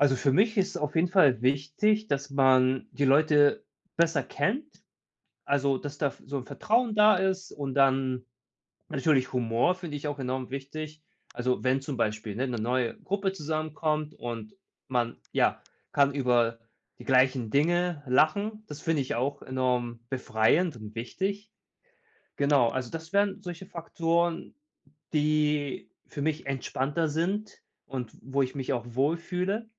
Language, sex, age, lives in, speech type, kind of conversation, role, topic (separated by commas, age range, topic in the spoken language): German, male, 30-34, Germany, conversation, advice, user, Wie kann ich mich in Gruppen authentisch zeigen, ohne unsicher zu wirken?